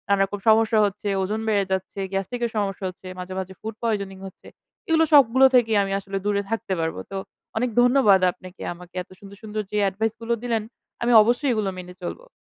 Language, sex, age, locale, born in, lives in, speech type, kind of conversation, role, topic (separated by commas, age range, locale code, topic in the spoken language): Bengali, female, 20-24, bn-BD, Bangladesh, Bangladesh, conversation, advice, user, আমি কীভাবে প্রতিদিনের অনিয়ন্ত্রিত স্ন্যাকিং কমাতে পারি?